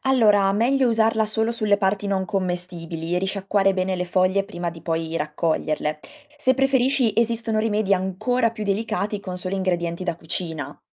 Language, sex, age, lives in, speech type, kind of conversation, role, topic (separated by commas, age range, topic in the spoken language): Italian, female, 20-24, Italy, read, expert-assistant, expert, Quali piccoli cambiamenti pratici posso adottare per rendere il mio orto o giardino più sostenibile?